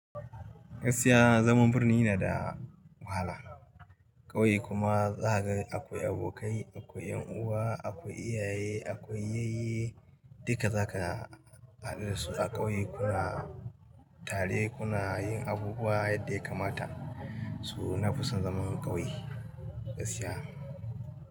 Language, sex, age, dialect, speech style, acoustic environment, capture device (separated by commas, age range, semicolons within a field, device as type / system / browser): Hausa, male, 35-44, Nigeria - Katsina, happy, nature, mobile / Linux / Chrome